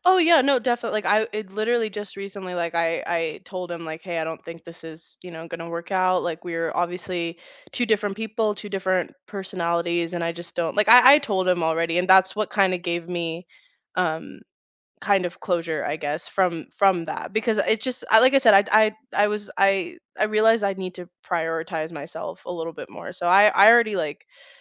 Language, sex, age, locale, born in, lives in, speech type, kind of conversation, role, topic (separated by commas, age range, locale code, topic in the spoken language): English, female, 25-29, en-US, United States, United States, conversation, unstructured, none, Can you share a recent personal challenge you faced and what it taught you about yourself?